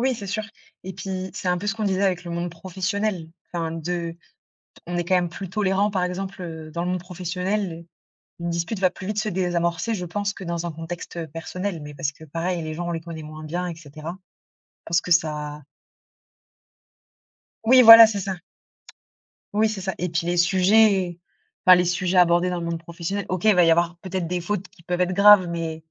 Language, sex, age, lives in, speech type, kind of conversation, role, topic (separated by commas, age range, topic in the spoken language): French, female, 30-34, France, conversation, unstructured, none, Comment un sourire peut-il changer l’ambiance d’une dispute ?